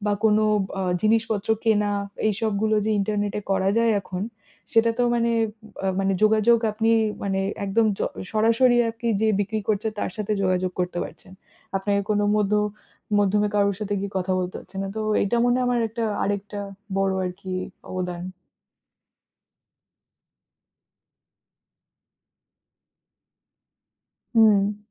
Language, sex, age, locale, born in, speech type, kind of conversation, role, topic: Bengali, female, 30-34, bn-IN, India, conversation, unstructured, none, ইন্টারনেট কীভাবে আমাদের যোগাযোগের ধরন বদলে দিয়েছে?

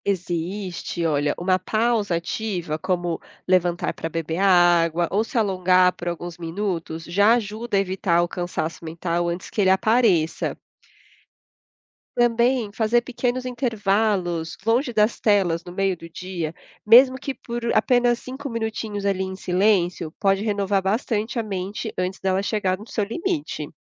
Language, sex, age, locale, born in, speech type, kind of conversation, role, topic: Portuguese, female, 30-34, pt-BR, Brazil, read, expert-assistant, expert, Por que é essencial respeitar os horários de descanso?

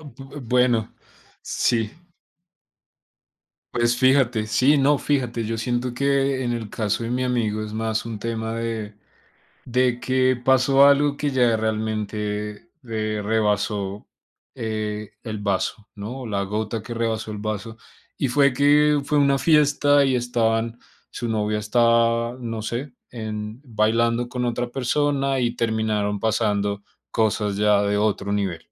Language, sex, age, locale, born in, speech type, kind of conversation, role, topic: Spanish, male, 25-29, es-CO, Colombia, conversation, unstructured, none, ¿Se puede perdonar una infidelidad y por qué?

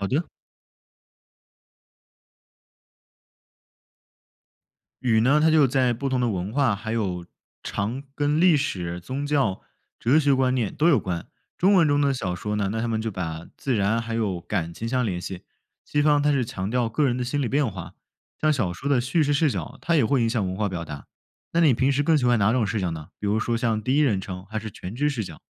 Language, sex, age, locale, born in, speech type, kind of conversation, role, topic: Chinese, male, 20-24, zh-CN, China, read, expert-assistant, expert, 平时读中文和外文小说时，怎么才能发现它们背后的不同文化和故事讲法？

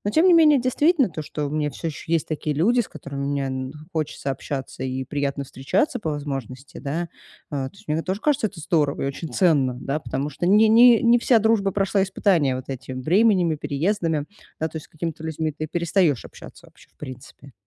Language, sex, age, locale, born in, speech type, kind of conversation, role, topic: Russian, female, 35-39, ru-RU, Russia, conversation, advice, user, Почему я чувствую отдаление от своих друзей и что мне с этим делать?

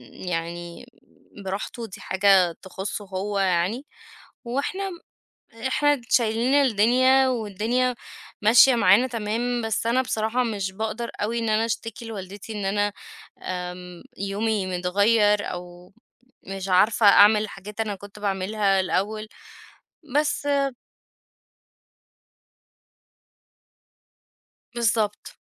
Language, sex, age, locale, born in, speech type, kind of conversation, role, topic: Arabic, female, 30-34, ar-EG, Egypt, conversation, advice, user, إزاي بتعتني بواحد من والديك الكبار في السن، وده غيّر روتين يومك إزاي؟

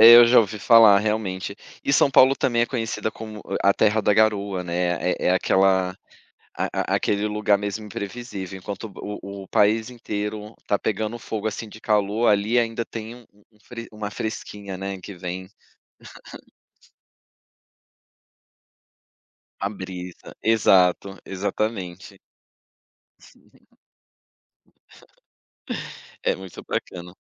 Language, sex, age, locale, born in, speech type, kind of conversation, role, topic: Portuguese, male, 35-39, pt-BR, Brazil, conversation, podcast, host, Você já percebeu alguma mudança nas estações nos últimos anos?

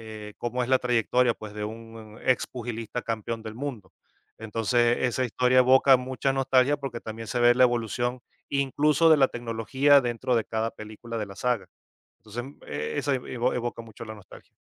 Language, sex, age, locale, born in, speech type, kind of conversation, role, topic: Spanish, male, 45-49, es-VE, Venezuela, conversation, podcast, guest, ¿Por qué nos atrapan las series y películas antiguas?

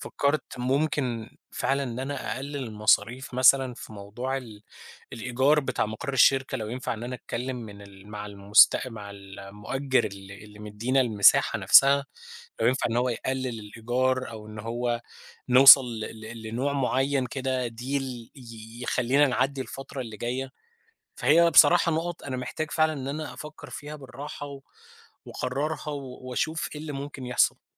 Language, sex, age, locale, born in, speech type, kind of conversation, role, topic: Arabic, male, 30-34, ar-EG, Egypt, conversation, advice, user, إزاي أتعامل مع فقدان الدافع بعد الحماس الأولاني للمشروع؟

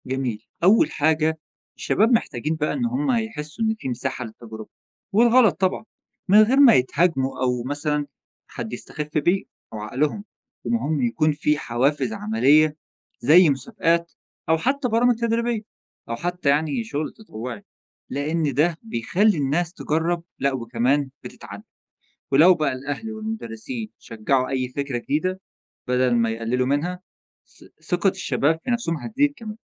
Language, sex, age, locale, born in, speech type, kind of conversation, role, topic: Arabic, male, 20-24, ar-EG, Egypt, read, expert-assistant, expert, كيف يمكن تعزيز روح المبادرة لدى الشباب؟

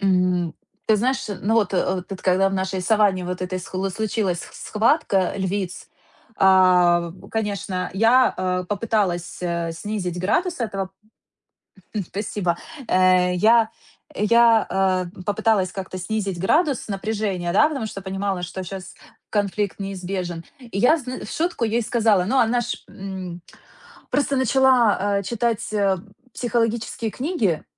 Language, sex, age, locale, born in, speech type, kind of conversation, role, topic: Russian, female, 30-34, ru-RU, Ukraine, conversation, advice, user, Как мне сохранять спокойствие, когда меня неожиданно критикуют?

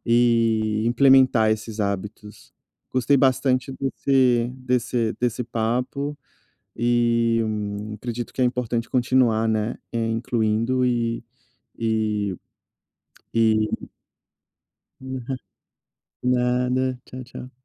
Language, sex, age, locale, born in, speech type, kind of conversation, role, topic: Portuguese, male, 30-34, pt-BR, Brazil, conversation, podcast, host, Quais hábitos simples melhoraram sua saúde mental?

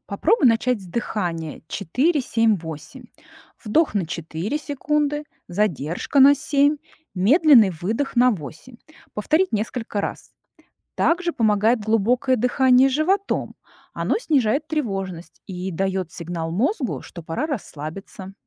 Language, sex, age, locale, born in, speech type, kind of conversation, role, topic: Russian, female, 40-44, ru-RU, Russia, read, expert-assistant, expert, Зачем нашему телу нужен сон и что происходит, если его не хватает?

